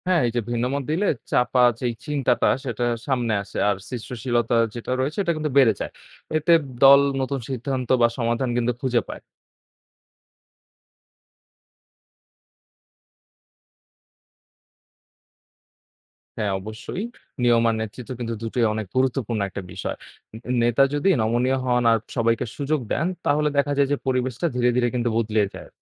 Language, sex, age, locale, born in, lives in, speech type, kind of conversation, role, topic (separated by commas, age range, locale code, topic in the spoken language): Bengali, male, 20-24, bn-BD, Bangladesh, Bangladesh, read, expert-assistant, expert, কেন আমরা অনেক সময় ভিড়ের সঙ্গে মিলে যাই, আর কখনো নিজের মত প্রকাশ করি?